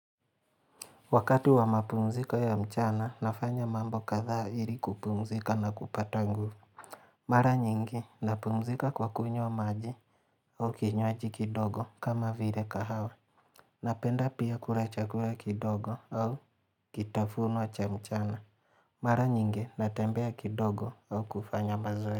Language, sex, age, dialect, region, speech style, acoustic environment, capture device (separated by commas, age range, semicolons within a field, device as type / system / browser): Swahili, male, 25-34, Kenya - Mombasa, Kenya, relaxed; focused, nature; silence, mobile / Linux / Chrome